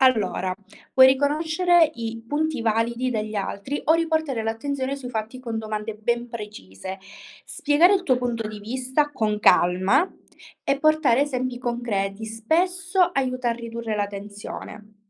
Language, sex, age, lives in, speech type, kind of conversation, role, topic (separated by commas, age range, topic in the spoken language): Italian, female, 20-24, Italy, read, expert-assistant, expert, Come possiamo capire se le informazioni che leggiamo sui progetti di assistenza sociale sono davvero affidabili?